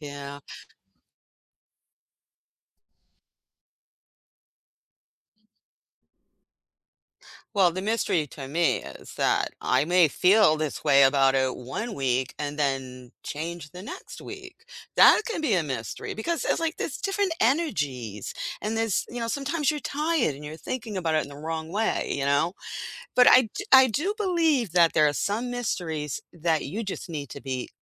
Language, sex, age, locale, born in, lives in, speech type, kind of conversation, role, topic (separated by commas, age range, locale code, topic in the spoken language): English, female, 60-64, en-US, United States, United States, conversation, unstructured, none, What is an everyday mystery you still haven't figured out?